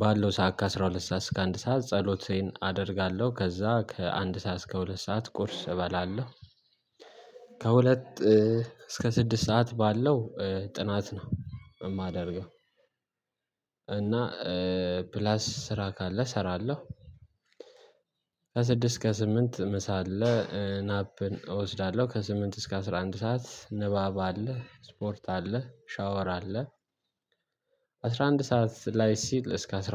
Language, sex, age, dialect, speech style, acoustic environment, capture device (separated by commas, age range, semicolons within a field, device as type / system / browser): Amharic, male, 18-24, Ethiopia - Addis Ababa, happy; excited, silence, mobile / Linux / Chrome